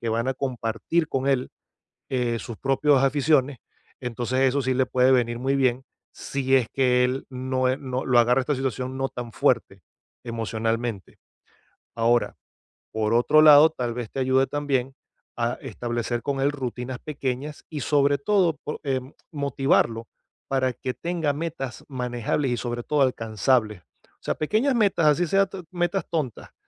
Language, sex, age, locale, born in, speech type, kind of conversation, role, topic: Spanish, male, 45-49, es-VE, Venezuela, conversation, advice, advisor, ¿Cómo puedo ayudar a alguien a desarrollar resiliencia en tiempos de incertidumbre?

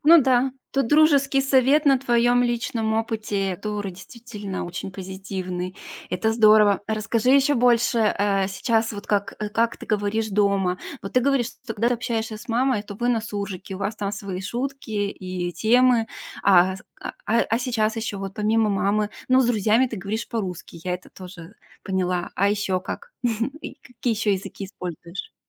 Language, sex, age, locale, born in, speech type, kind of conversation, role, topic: Russian, female, 40-44, ru-RU, Russia, conversation, podcast, host, Как язык, на котором вы говорите дома, повлиял на вас?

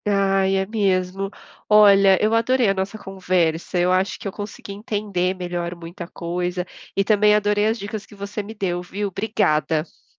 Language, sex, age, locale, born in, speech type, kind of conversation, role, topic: Portuguese, female, 30-34, pt-BR, Brazil, read, expert-assistant, asker, A importância de conhecer a história da nossa cidade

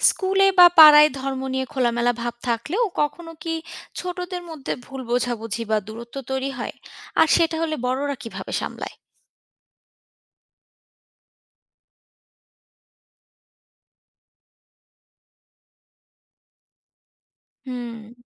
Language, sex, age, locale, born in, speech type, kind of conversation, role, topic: Bengali, female, 25-29, bn-BD, Bangladesh, read, expert-assistant, asker, বিভিন্ন ধর্মে মৃত্যুর পরের জীবন নিয়ে বিশ্বাসের পার্থক্য সমাজে কীভাবে প্রতিফলিত হয়?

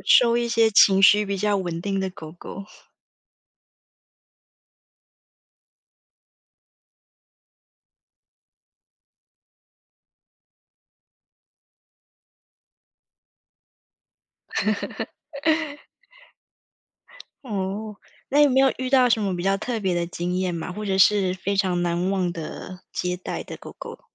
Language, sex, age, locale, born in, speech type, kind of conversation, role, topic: Chinese, female, 35-39, zh-TW, Taiwan, conversation, podcast, host, 如何在不辞职的情况下尝试进入新的领域？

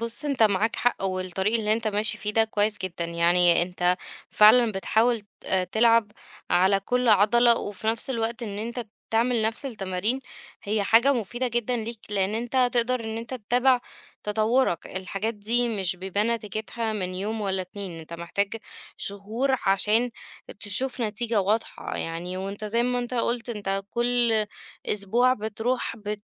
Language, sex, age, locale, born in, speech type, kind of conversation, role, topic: Arabic, female, 30-34, ar-EG, Egypt, conversation, advice, advisor, إزاي أقدر أتغلب على زهقي من تكرار نفس التمارين وأخلي التمرين يرجع ممتع؟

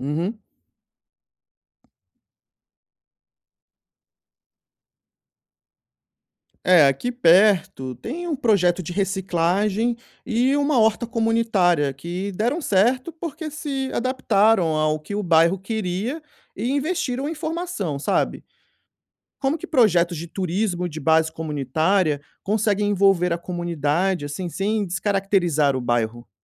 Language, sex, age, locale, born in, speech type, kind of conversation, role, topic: Portuguese, male, 30-34, pt-BR, Brazil, read, expert-assistant, asker, Que ideias diferentes poderiam ajudar uma comunidade a criar mais empregos de forma sustentável?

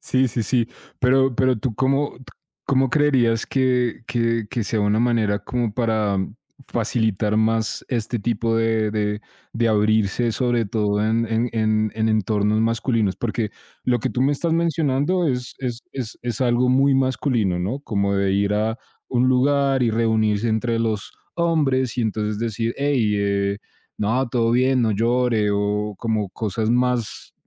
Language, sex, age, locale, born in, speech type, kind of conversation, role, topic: Spanish, male, 25-29, es-CO, Colombia, conversation, unstructured, none, ¿Crees que es importante hablar sobre tus emociones?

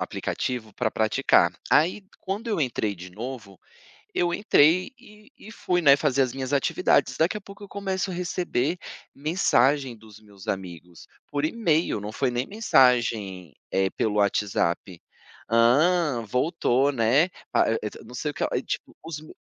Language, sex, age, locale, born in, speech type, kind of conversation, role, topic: Portuguese, male, 35-39, pt-BR, Brazil, conversation, podcast, guest, Como a tecnologia interfere no seu descanso?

